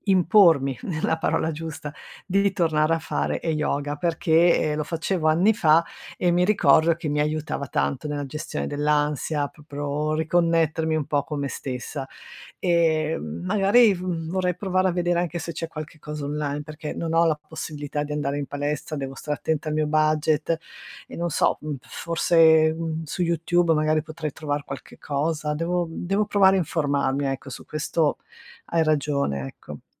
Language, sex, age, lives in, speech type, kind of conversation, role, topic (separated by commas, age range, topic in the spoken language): Italian, female, 55-59, Italy, conversation, advice, user, Come posso creare una routine mattutina per ridurre lo stress e prepararmi al meglio alla giornata?